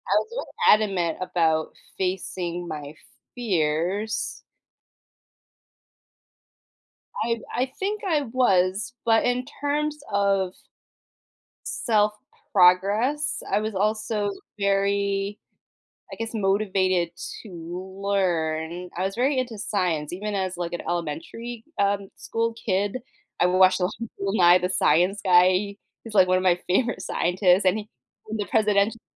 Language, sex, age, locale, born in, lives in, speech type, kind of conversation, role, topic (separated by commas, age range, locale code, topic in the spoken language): English, female, 35-39, en-US, United States, United States, conversation, unstructured, none, How do you decide when to challenge yourself versus staying in your comfort zone?